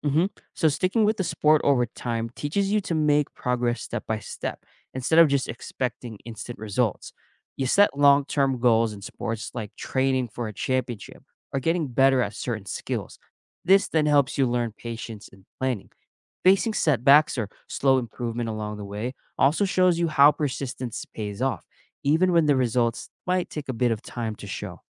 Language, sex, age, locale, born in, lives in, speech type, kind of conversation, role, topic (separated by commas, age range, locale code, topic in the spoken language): English, male, 25-29, en-US, United States, United States, read, expert-assistant, expert, How does playing sports teach life lessons?